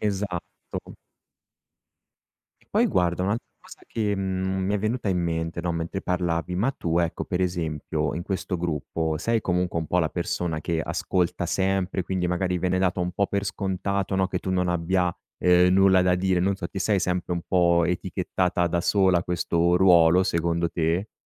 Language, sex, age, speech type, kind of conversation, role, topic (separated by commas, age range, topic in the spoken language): Italian, male, 35-39, conversation, advice, advisor, Come posso affrontare la distanza emotiva e la mancanza di conversazioni profonde?